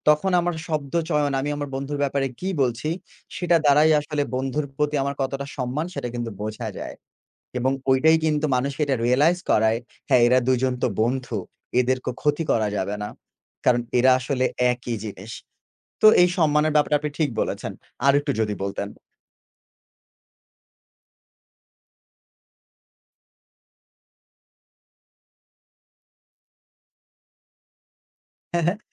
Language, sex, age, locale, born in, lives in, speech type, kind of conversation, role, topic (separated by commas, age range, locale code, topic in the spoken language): Bengali, male, 25-29, bn-BD, Bangladesh, Bangladesh, conversation, unstructured, none, বন্ধুত্বে আপনার মতে সবচেয়ে গুরুত্বপূর্ণ গুণ কোনটি?